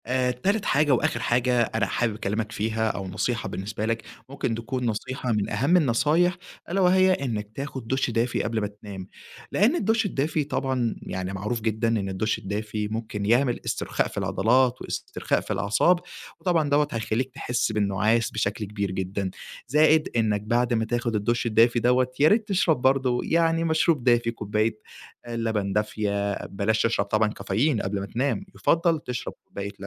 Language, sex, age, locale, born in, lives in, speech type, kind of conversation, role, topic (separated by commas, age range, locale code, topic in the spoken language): Arabic, male, 20-24, ar-EG, Egypt, Egypt, conversation, advice, advisor, إزاي أتعامل مع الأرق بعد السفر ومش قادر أتأقلم على فرق التوقيت؟